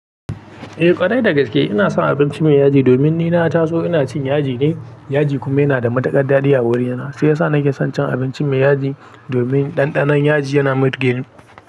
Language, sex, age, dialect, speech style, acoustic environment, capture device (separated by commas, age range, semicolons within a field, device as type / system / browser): Hausa, male, 18-24, Nigeria - Pidgin-influenced, happy, silence, mobile / Linux / Chrome